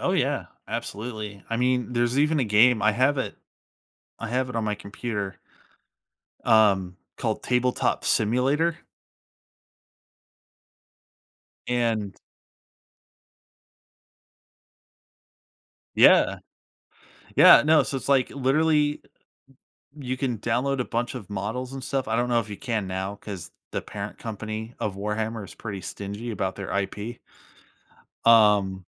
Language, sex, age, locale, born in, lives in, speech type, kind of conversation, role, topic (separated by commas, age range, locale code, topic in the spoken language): English, male, 30-34, en-US, United States, United States, conversation, podcast, guest, What hobby would you like to try but haven't yet?